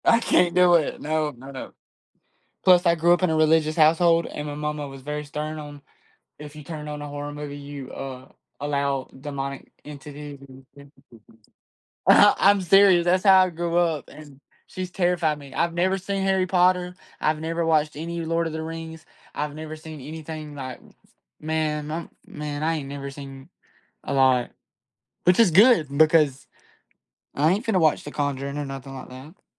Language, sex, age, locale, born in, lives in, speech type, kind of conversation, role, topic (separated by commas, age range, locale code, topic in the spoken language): English, male, 20-24, en-US, United States, United States, conversation, unstructured, none, What is your go-to way to unwind after a busy day?